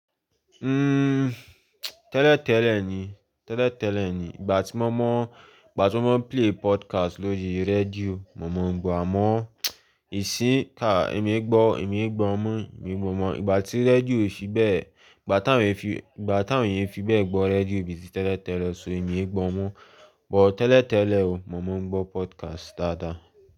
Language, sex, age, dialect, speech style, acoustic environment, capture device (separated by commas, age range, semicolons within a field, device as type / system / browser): Yoruba, male, 18-24, Nigeria - Lagos, anxious; focused, silence; people talking, mobile / Linux / Chrome